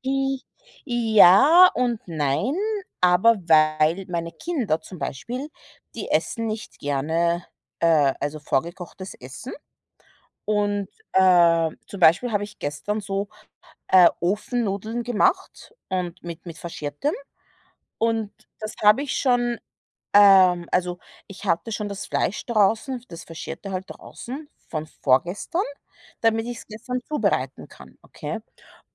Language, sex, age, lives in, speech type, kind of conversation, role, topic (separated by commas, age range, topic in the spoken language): German, female, 30-34, United States, conversation, unstructured, none, Welche Rolle spielt Zuhören bei der Konfliktlösung?